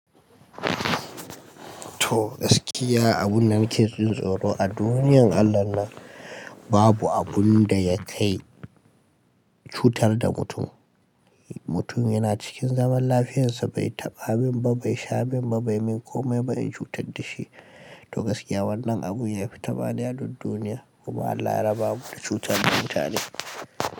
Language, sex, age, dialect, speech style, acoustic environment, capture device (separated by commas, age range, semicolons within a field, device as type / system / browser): Hausa, male, 18-24, Nigeria - Kano, happy; anxious; tired; relaxed; nervous; focused; stressed; excited, people talking; music; nature; silence; traffic; construction; appliances, mobile / Linux / Chrome